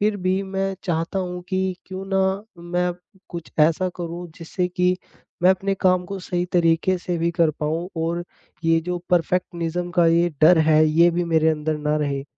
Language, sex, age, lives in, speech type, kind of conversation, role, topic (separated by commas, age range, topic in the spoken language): Hindi, male, 20-24, India, conversation, advice, user, परफेक्शनिज़्म की वजह से मैं काम शुरू नहीं कर पाता